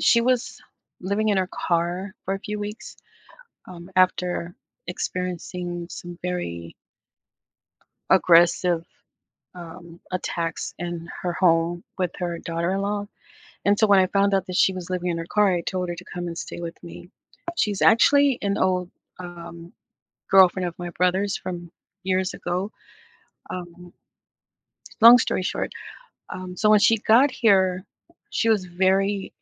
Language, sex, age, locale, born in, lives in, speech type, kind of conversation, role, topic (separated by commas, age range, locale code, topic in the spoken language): English, female, 55-59, en-US, United States, United States, conversation, advice, user, How can I address a close friend's betrayal and decide whether to repair the friendship?